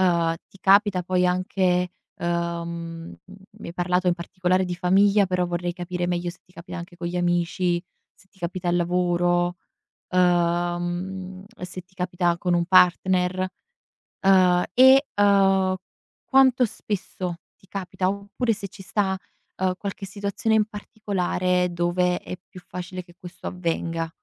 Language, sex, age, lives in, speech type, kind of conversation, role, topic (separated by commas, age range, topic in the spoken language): Italian, female, 20-24, Italy, conversation, advice, advisor, Come posso rimanere più presente quando passo tempo con la famiglia o con il partner?